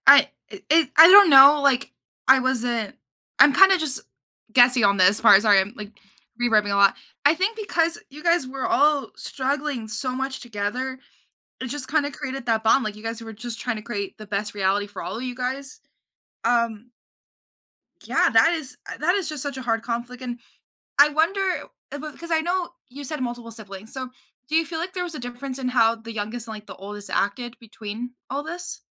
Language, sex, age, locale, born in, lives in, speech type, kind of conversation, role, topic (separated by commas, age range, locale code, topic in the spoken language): English, female, 18-19, en-US, United States, United States, conversation, podcast, host, How do families create and maintain close relationships over time?